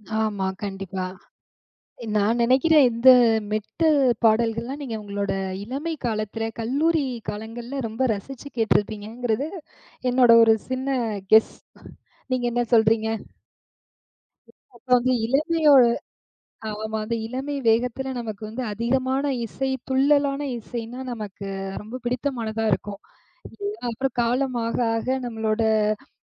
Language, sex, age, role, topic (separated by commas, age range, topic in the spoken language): Tamil, female, 35-39, host, நண்பர்கள் உங்கள் இசைச் சுவையை மாற்றியிருக்கிறார்களா?